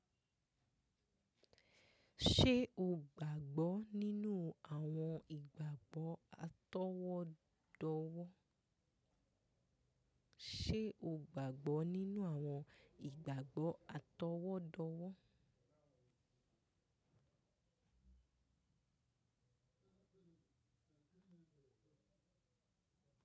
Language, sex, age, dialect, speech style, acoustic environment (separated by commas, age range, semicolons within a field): Yoruba, female, 25-34, Nigeria - Lagos Yoruba, relaxed, nature; silence